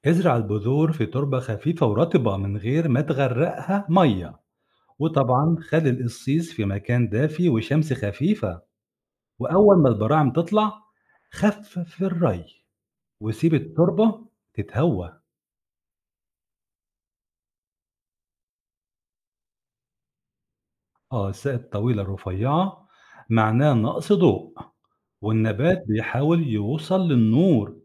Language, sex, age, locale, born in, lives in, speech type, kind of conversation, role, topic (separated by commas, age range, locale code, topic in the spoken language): Arabic, male, 45-49, ar-EG, Egypt, Egypt, read, expert-assistant, expert, ليه النباتات اللي عندي في البلكونة بتدبل بسرعة وبتبطل تنمو؟ إيه الأسباب البيئية اللي ممكن تكون بتأثر عليها؟